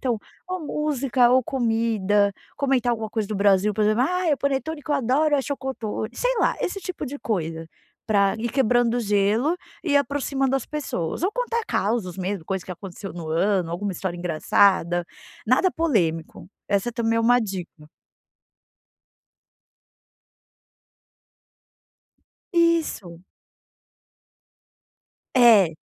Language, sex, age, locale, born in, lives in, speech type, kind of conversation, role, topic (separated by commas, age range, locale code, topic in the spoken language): Portuguese, female, 40-44, pt-BR, Brazil, United States, conversation, advice, advisor, Como posso lidar com a ansiedade em encontros sociais e celebrações com amigos?